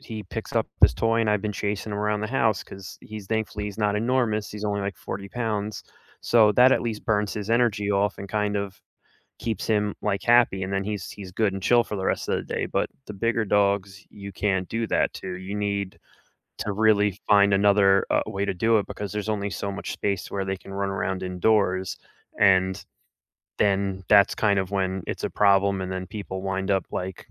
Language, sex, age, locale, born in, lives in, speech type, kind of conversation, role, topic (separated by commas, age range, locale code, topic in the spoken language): English, male, 35-39, en-US, United States, United States, conversation, unstructured, none, How do pets help people who are feeling lonely?